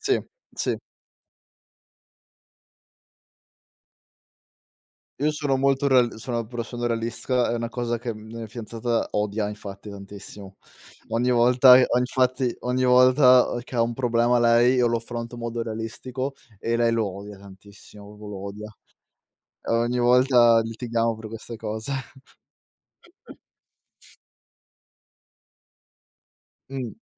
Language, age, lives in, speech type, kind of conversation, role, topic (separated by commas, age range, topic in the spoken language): Italian, 25-29, Italy, conversation, unstructured, none, Cosa ti fa sorridere anche nelle giornate più difficili?